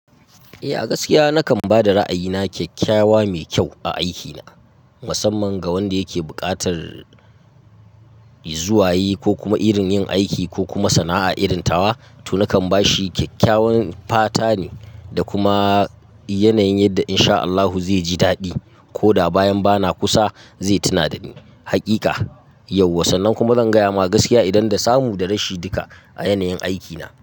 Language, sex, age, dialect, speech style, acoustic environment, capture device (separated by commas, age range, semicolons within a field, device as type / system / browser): Hausa, male, 25-34, Nigeria - Katsina, happy, silence, mobile / Linux / Chrome